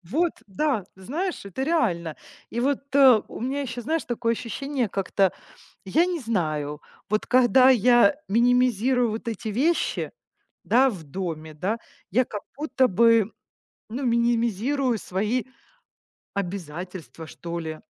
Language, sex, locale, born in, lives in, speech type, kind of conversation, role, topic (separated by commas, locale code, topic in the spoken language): Russian, female, ru-RU, Ukraine, Italy, conversation, podcast, guest, Как минимализм влияет на психическое здоровье?